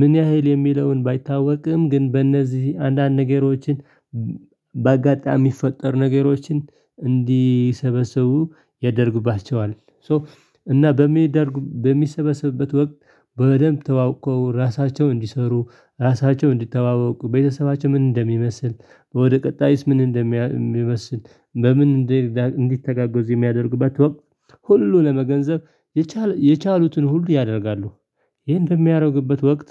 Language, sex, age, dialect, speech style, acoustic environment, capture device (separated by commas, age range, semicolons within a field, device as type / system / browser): Amharic, male, 25-34, Ethiopia - Tigray, happy; relaxed, silence; people talking, desktop / Linux / Chrome